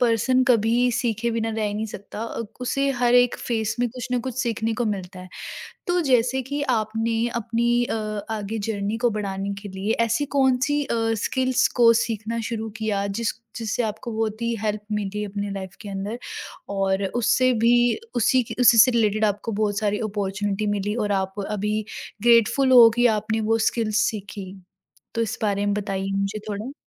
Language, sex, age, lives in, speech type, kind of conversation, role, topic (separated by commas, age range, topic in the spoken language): Hindi, female, 20-24, India, conversation, podcast, host, Tumne naya hunar kab aur kyun seekhna shuru kiya?